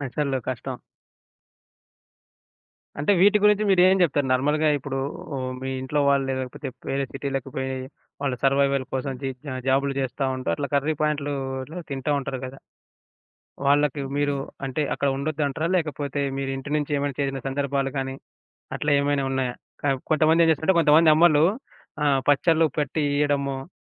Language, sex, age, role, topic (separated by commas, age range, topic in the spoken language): Telugu, male, 25-29, host, మీ కుటుంబాన్ని ఆరోగ్యకరమైన అలవాట్లకు ఎలా అలవాటు చేస్తారు?